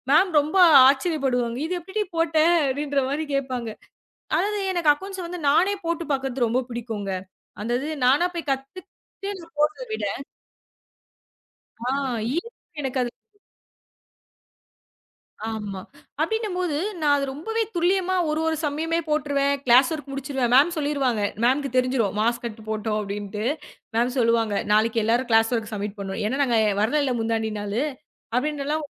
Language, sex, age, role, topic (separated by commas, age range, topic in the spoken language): Tamil, female, 25-29, guest, ஒருவர் சொன்ன ஒரு வார்த்தை உங்கள் வாழ்க்கையை மாற்றியதுண்டா?